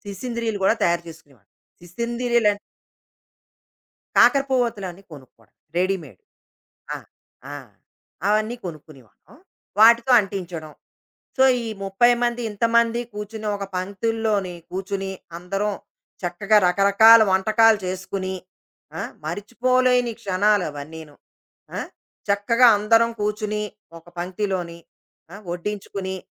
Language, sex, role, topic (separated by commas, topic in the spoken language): Telugu, female, guest, పండుగలో మీరు అనుభవించిన మర్చిపోలేని క్షణం ఏది?